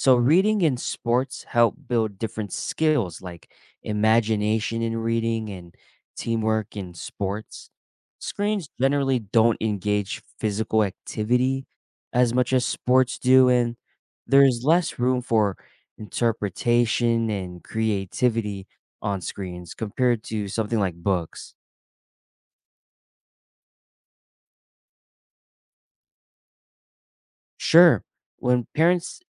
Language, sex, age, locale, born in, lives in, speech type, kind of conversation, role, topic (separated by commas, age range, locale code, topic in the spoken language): English, male, 25-29, en-US, United States, United States, read, expert-assistant, expert, What are the effects of screen time on children's development?